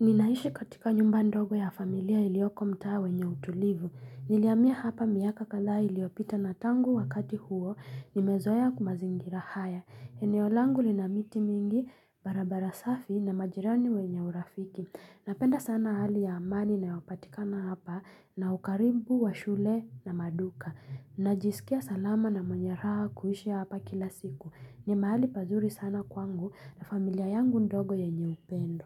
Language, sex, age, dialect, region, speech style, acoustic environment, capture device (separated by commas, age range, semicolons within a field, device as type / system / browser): Swahili, female, 25-34, Kenya - Nairobi Sheng-influenced, Kenya, relaxed, silence; music, mobile / Linux / Chrome